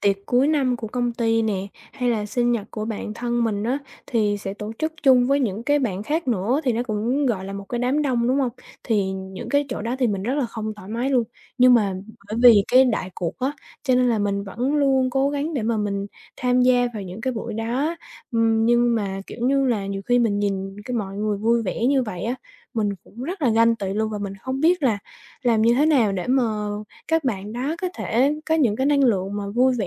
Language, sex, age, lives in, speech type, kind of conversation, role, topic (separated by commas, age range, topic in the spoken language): Vietnamese, female, 20-24, Vietnam, conversation, advice, user, Tôi cảm thấy không thoải mái khi ở giữa đám đông, tôi nên làm gì?